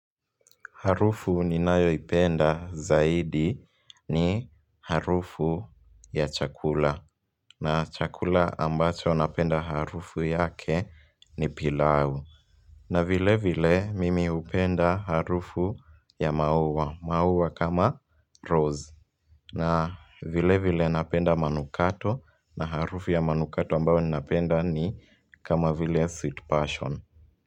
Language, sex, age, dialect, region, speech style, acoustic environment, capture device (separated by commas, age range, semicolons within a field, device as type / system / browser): Swahili, male, 18-24, Kenya - Nairobi Sheng-influenced, Kenya, happy; relaxed; focused, people talking; music; nature; traffic; appliances, mobile / Linux / Chrome